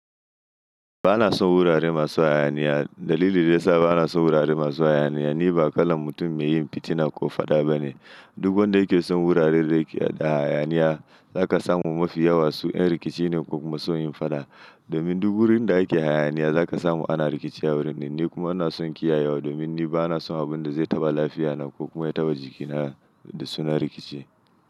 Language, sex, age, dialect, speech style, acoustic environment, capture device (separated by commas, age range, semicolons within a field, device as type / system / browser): Hausa, male, 25-34, Nigeria - Nigerian Standard, anxious; happy, silence; nature, mobile / Linux / Chrome